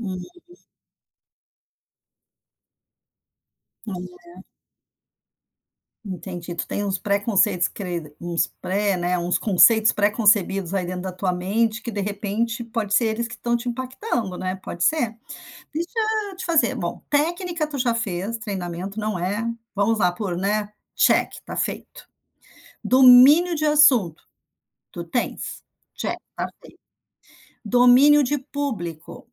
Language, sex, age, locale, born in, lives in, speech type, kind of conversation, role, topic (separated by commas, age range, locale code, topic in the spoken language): Portuguese, female, 55-59, pt-BR, Brazil, United States, conversation, advice, advisor, Como é a sua experiência de falar em público quando está ansioso?